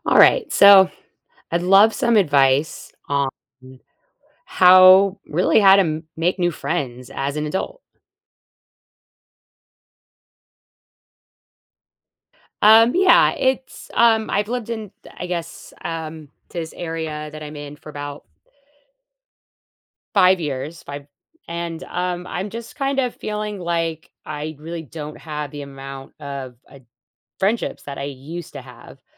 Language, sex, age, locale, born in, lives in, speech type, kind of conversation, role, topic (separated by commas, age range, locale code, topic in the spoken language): English, female, 40-44, en-US, United States, United States, conversation, advice, user, How can I make new friends?